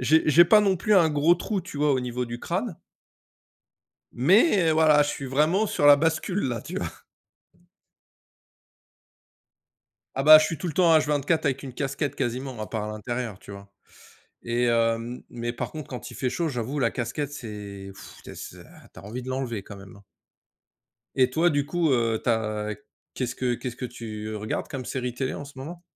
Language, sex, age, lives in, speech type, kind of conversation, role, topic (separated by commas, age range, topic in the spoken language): French, male, 45-49, France, conversation, unstructured, none, Quelle série télé regardes-tu en ce moment ?